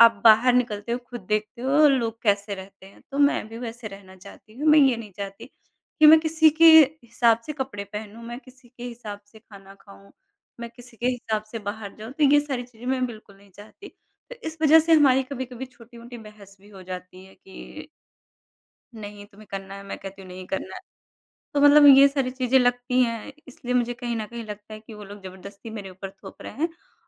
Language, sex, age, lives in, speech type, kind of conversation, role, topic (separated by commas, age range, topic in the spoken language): Hindi, female, 30-34, India, conversation, advice, user, परिवार के सदस्यों से लगातार निजी फैसलों पर ताने सुनने का आप पर क्या असर होता है?